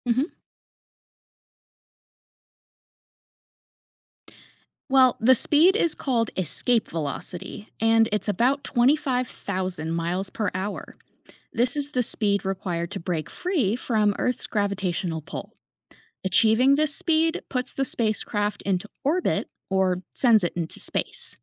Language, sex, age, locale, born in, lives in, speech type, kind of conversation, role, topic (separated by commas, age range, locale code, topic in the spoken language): English, female, 30-34, en-US, United States, United States, read, expert-assistant, expert, Explain how gravity affects space travel.